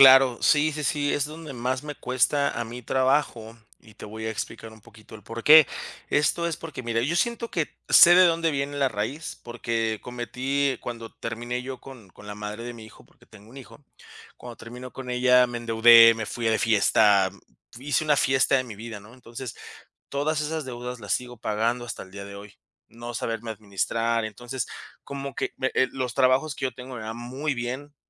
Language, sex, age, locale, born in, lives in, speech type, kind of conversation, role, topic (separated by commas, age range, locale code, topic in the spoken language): Spanish, male, 30-34, es-MX, Mexico, Mexico, conversation, advice, user, ¿Por qué me da miedo volver a salir y sentir que no estoy listo?